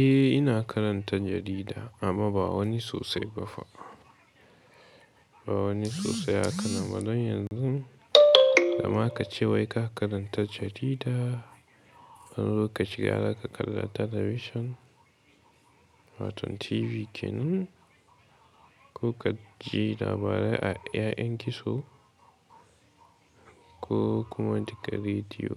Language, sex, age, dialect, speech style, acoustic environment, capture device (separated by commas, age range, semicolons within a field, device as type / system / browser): Hausa, male, 18-24, Nigeria - Katsina, relaxed; tired, nature; music, mobile / Linux / Chrome